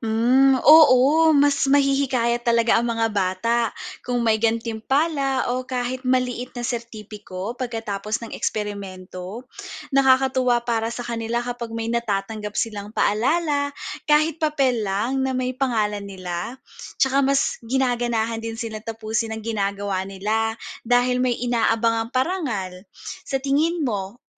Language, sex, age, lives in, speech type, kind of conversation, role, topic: Filipino, female, 30-34, Philippines, read, expert-assistant, asker, Paano mapapalapit at magiging kapanapanabik para sa pamilya ang pagbisita sa museo ng sinaunang hayop?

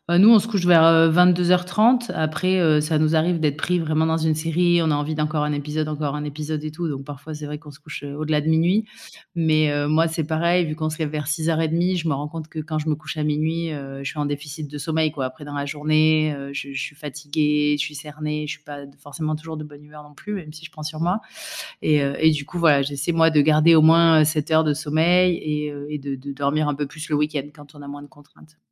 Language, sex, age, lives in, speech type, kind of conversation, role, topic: French, female, 35-39, France, conversation, podcast, guest, Quelles routines aident à respecter l’heure du coucher ?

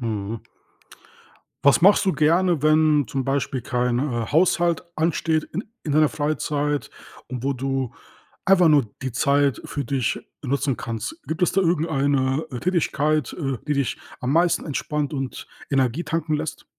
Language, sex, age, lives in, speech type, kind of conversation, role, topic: German, male, 30-34, Germany, conversation, podcast, host, Wie findest du die Balance zwischen Arbeit und Freizeit?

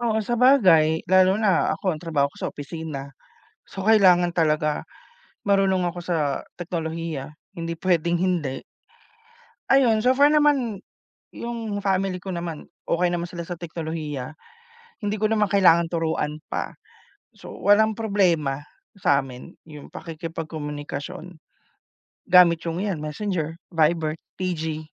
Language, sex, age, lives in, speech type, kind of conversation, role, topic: Filipino, male, 30-34, Philippines, conversation, unstructured, none, Ano ang pananaw ninyo sa pagbibigay ng oras para sa pamilya?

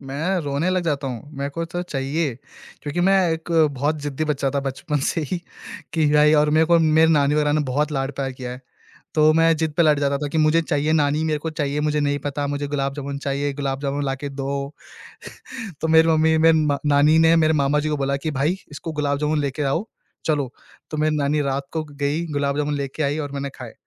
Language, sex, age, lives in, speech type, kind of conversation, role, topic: Hindi, male, 20-24, India, conversation, podcast, guest, Bachpan ka kaunsa parivaarik rivaaj aap ab tak follow karte ho?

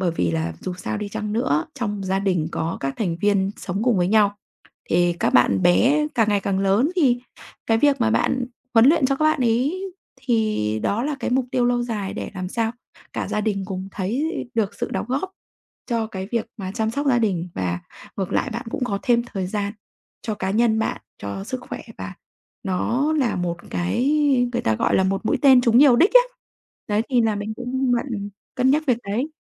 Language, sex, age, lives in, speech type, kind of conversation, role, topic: Vietnamese, female, 35-39, Vietnam, conversation, advice, advisor, Làm sao để cân bằng thời gian giữa học tập, công việc và sở thích?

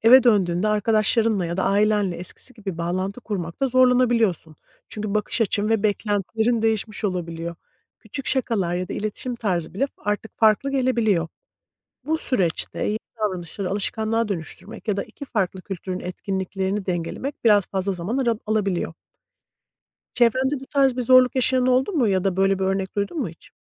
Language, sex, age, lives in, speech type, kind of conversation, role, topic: Turkish, female, 40-44, United States, read, expert-assistant, expert, Farklı bir kültürde yaşamak insanı kalıcı olarak değiştirir mi?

